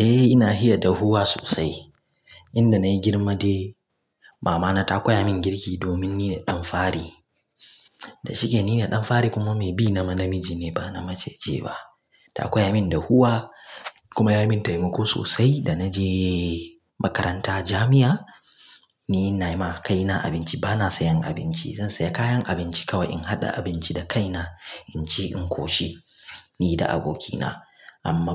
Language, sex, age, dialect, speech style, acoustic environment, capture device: Hausa, male, 25-34, Nigeria - Kano, focused, silence, mobile / Linux / Chrome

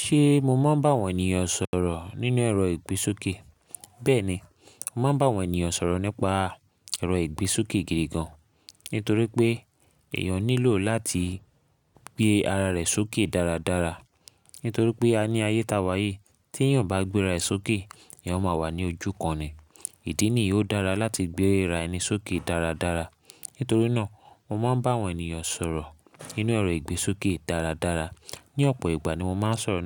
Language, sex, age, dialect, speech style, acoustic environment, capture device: Yoruba, male, 25-34, Nigeria - Lagos Yoruba, focused, silence, mobile / Linux / Chrome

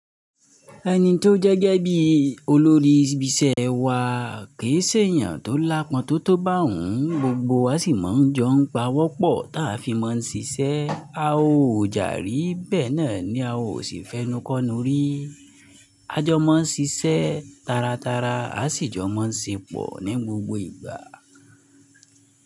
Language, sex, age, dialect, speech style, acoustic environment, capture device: Yoruba, male, 25-34, Nigeria - Nigerian Standard, focused, nature, mobile / Linux / Chrome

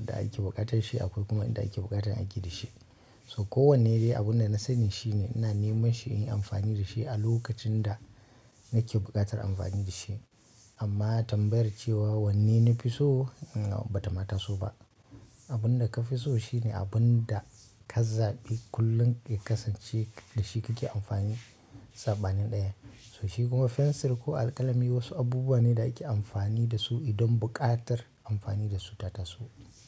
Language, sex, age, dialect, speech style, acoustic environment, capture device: Hausa, male, 25-34, Nigeria - Kano, focused, nature, mobile / Linux / Chrome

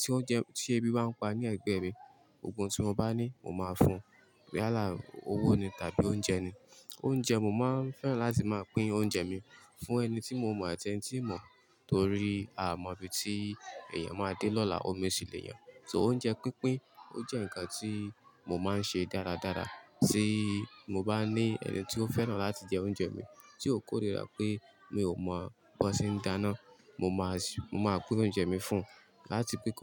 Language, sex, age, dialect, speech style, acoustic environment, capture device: Yoruba, male, 25-34, Nigeria - Ibadan, happy, people talking, mobile / Linux / Chrome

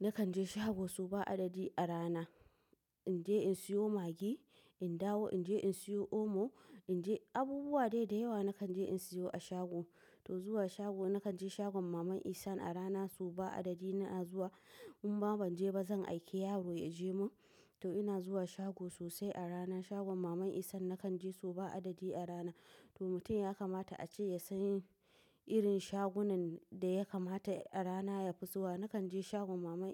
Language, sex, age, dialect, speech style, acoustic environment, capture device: Hausa, female, 25-34, Nigeria - Nigerian Standard, tired; focused, appliances; silence, mobile / macOS / Safari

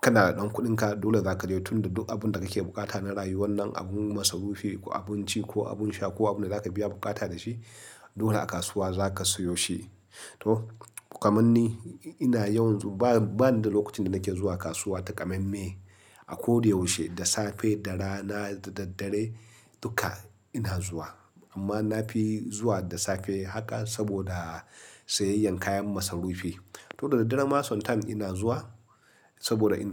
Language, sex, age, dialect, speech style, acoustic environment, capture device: Hausa, male, 35-44, Nigeria - Nigerian Standard, happy; relaxed, silence, mobile / Linux / Chrome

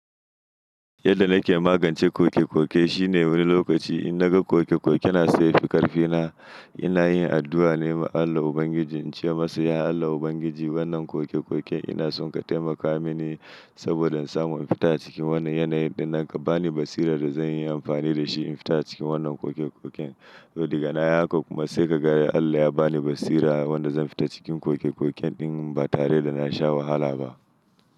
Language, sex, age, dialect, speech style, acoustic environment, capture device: Hausa, male, 25-34, Nigeria - Nigerian Standard, anxious; happy, silence; nature, mobile / Linux / Chrome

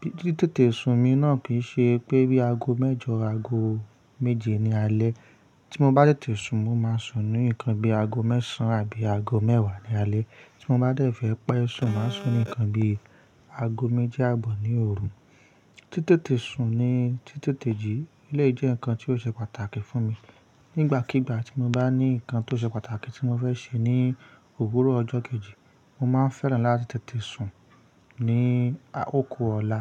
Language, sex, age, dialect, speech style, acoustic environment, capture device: Yoruba, male, 25-34, Nigeria - Ibadan, anxious; focused; stressed, nature; silence, mobile / Linux / Chrome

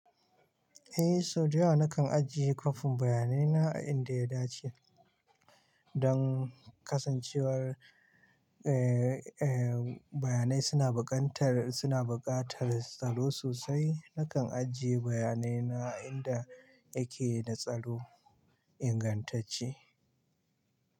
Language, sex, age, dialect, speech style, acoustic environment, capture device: Hausa, male, 25-34, Nigeria - Kano, happy, silence, mobile / Linux / Chrome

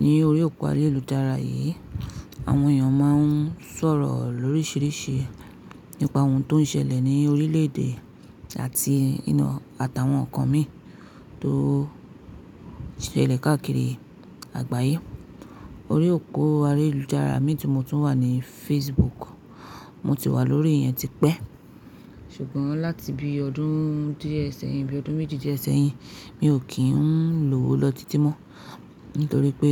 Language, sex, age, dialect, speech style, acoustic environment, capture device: Yoruba, female, 25-34, Nigeria - Nigerian Standard, focused, silence, mobile / Linux / Chrome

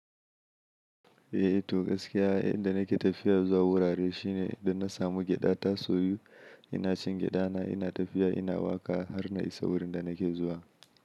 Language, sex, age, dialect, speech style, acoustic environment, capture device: Hausa, male, 25-34, Nigeria - Kano, happy, silence, mobile / Linux / Chrome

